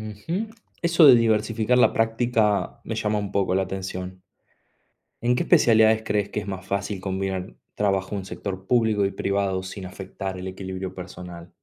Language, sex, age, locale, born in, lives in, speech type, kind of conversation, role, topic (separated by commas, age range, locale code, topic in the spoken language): Spanish, male, 30-34, es-AR, Argentina, Italy, read, expert-assistant, asker, ¿Cómo cambia la vida y el bienestar de los médicos al especializarse?